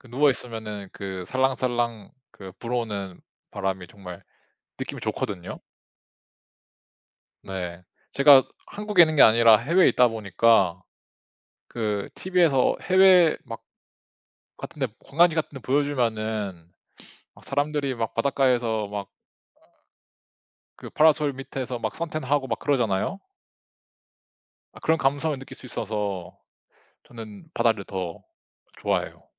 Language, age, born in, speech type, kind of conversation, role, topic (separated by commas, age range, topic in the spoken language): Korean, 30-34, South Korea, conversation, unstructured, none, 바다와 산 중 어디에서 더 휴식을 취하고 싶으신가요?